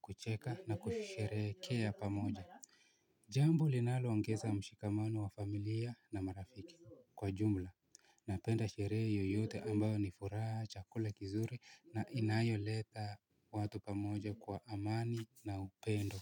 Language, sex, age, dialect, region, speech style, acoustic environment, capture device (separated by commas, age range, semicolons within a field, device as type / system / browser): Swahili, male, 18-24, Kenya - Nairobi Sheng-influenced, Kenya, happy, silence, mobile / Linux / Chrome